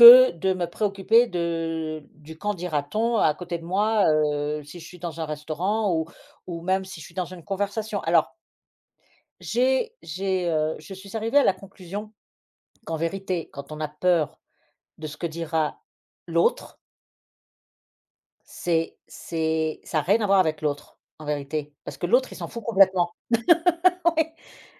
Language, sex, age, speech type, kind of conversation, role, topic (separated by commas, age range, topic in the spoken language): French, female, 50-54, conversation, podcast, guest, Franchement, comment tu gères la peur quand tu veux évoluer ?